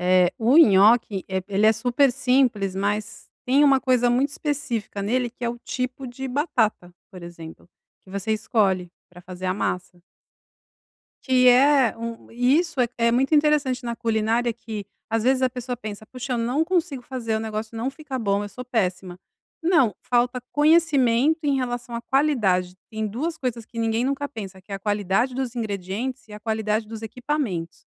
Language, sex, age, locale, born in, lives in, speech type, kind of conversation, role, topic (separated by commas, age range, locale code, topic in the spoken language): Portuguese, female, 45-49, pt-BR, Brazil, Italy, conversation, advice, advisor, Como posso ganhar mais confiança ao cozinhar em casa?